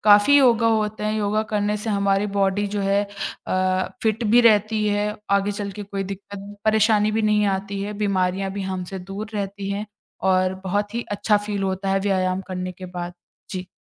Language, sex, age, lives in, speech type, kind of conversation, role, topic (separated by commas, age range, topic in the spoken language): Hindi, female, 20-24, India, conversation, podcast, guest, साँस की तकनीकें आपकी मदद कैसे करती हैं?